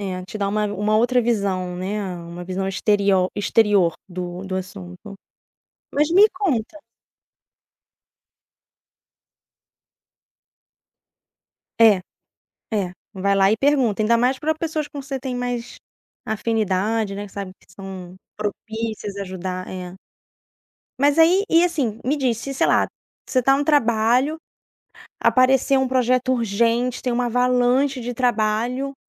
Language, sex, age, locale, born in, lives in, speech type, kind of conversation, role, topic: Portuguese, female, 35-39, pt-BR, Brazil, France, conversation, podcast, host, Que estratégias você usa para ser mais produtivo sem trabalhar mais horas?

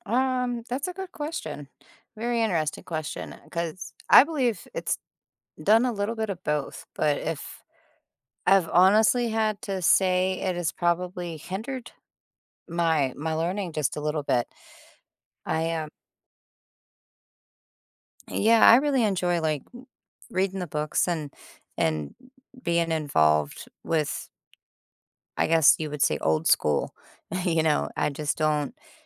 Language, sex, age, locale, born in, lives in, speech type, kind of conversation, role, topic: English, female, 30-34, en-US, United States, United States, conversation, unstructured, none, How has technology helped or hindered your education?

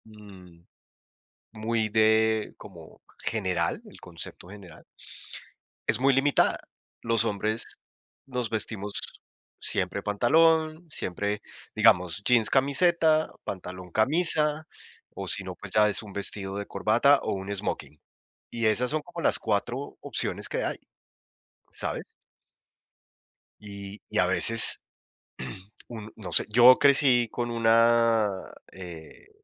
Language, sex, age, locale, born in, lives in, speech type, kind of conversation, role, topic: Spanish, male, 45-49, es-CO, Colombia, United States, conversation, unstructured, none, ¿Crees que la sociedad limita la forma en que expresamos nuestra identidad?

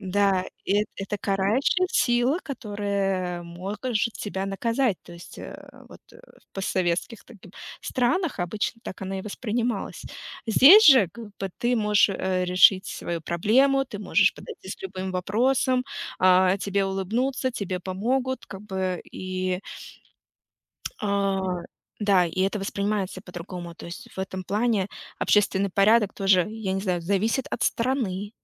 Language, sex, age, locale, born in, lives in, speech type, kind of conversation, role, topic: Russian, female, 35-39, ru-RU, Russia, United States, conversation, unstructured, none, Что важнее: личная свобода или общественный порядок?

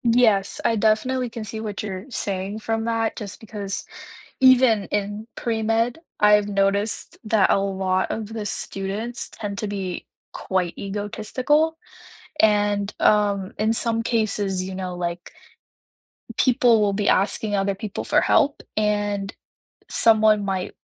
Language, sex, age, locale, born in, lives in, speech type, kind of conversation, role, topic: English, female, 18-19, en-CA, Canada, Canada, conversation, unstructured, none, How did you choose your current career path?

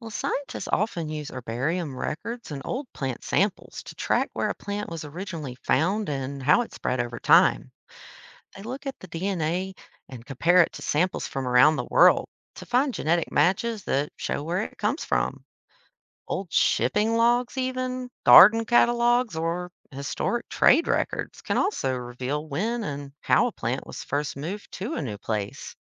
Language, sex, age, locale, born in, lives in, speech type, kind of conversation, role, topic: English, female, 30-34, en-US, United States, United States, read, expert-assistant, expert, How do seeds travel to new places to grow?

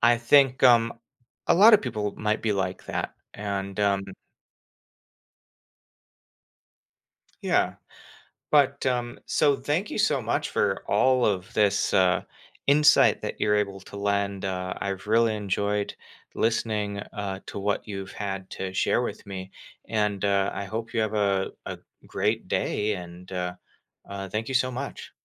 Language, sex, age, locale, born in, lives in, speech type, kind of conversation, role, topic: English, male, 30-34, en-US, United States, United States, conversation, podcast, host, How is technology changing the way we manage our health and well-being?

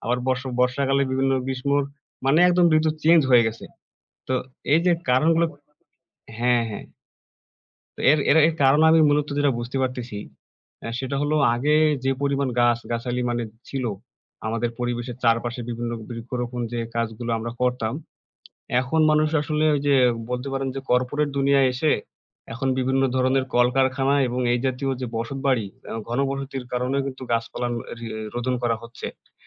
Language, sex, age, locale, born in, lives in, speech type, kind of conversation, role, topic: Bengali, male, 20-24, bn-BD, Bangladesh, Bangladesh, conversation, unstructured, none, গাছ লাগানো আপনার জীবনে কী পরিবর্তন এনেছে?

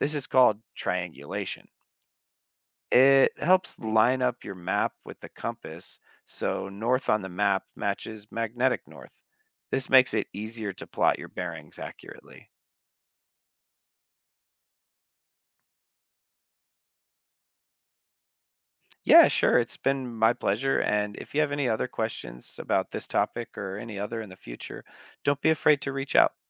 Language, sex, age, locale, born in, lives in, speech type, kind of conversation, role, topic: English, male, 30-34, en-US, United States, United States, read, expert-assistant, expert, How does a compass work?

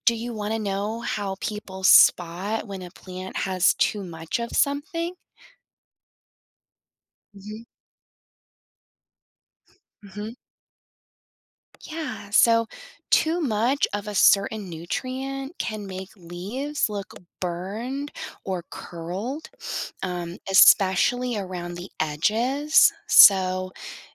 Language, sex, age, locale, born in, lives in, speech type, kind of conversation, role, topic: English, female, 35-39, en-US, United States, United States, read, expert-assistant, expert, How do plants make their own food?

